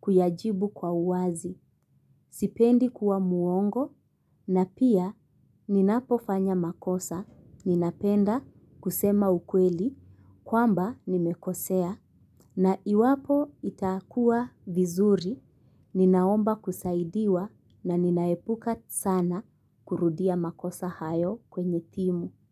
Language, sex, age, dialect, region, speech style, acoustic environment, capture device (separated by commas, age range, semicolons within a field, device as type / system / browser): Swahili, female, 25-34, Kenya - Mombasa, Kenya, relaxed, nature, mobile / Linux / Chrome